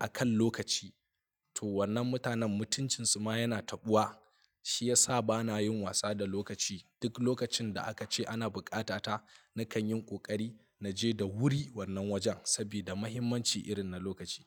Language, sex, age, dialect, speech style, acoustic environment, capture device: Hausa, male, 25-34, Nigeria - Kano, relaxed, silence, mobile / Linux / Chrome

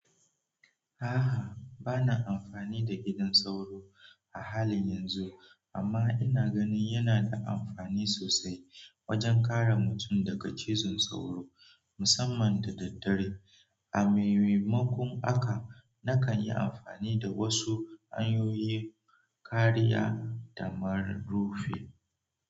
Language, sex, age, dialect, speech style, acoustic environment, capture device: Hausa, male, 18-24, Nigeria - Nigerian Standard, relaxed; focused, nature; silence, mobile / Android / Chrome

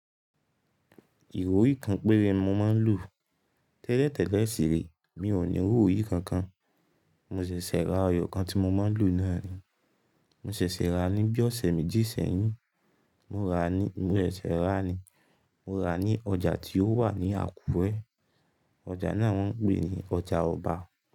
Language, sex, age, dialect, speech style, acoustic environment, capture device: Yoruba, male, 18-24, Nigeria - Ibadan, focused; relaxed, silence, mobile / Linux / Chrome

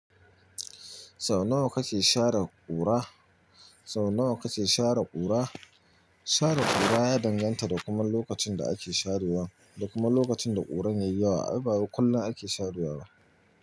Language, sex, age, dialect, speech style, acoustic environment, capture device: Hausa, male, 25-34, Nigeria - Nigerian Standard, happy, nature; silence, mobile / Linux / Chrome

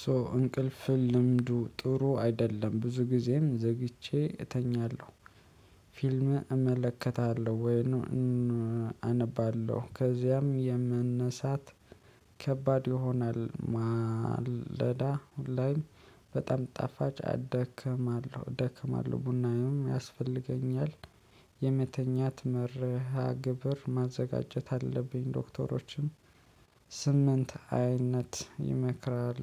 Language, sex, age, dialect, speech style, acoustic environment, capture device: Amharic, male, 25-34, Ethiopia - Addis Ababa, happy, people talking; nature, mobile / Linux / Chrome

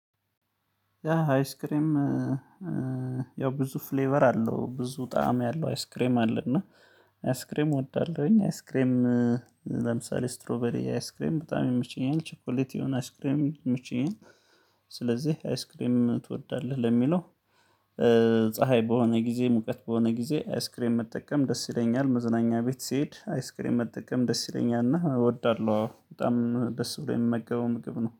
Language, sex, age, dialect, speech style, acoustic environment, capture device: Amharic, male, 35-44, Ethiopia - Gondar, happy, silence, mobile / Linux / Chrome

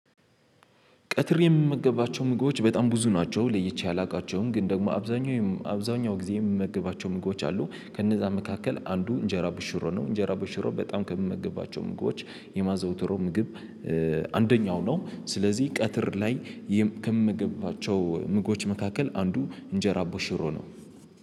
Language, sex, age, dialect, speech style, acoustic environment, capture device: Amharic, male, 18-24, Ethiopia - Addis Ababa, excited, silence, mobile / Linux / Chrome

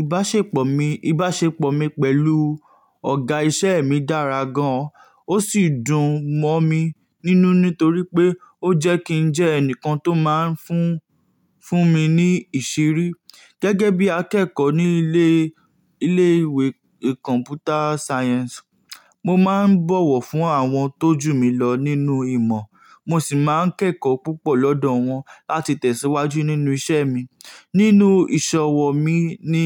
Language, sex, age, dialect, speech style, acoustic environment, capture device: Yoruba, male, 18-24, Nigeria - Nigerian Standard, focused, nature, mobile / Linux / Chrome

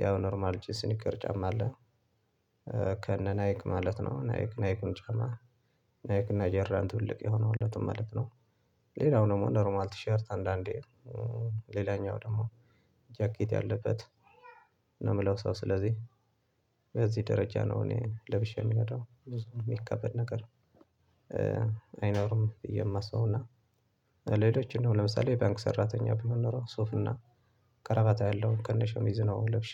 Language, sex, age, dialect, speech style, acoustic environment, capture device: Amharic, male, 25-34, Ethiopia - Tigray, focused; relaxed; anxious, nature, desktop / Linux / Chrome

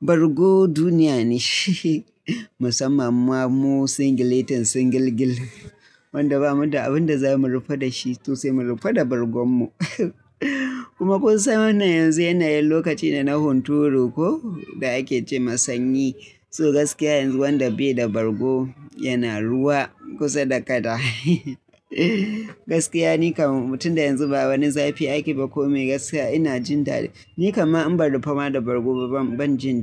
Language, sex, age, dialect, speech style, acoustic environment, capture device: Hausa, male, 25-34, Nigeria - Kano, happy, silence; nature, mobile / Linux / Chrome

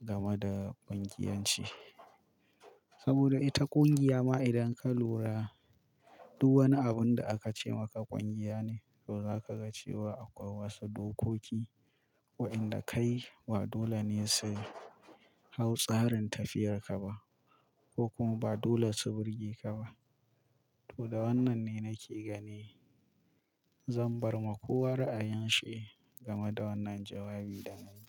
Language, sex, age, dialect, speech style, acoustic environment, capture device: Hausa, male, 25-34, Nigeria - Kano, excited, silence, mobile / Linux / Chrome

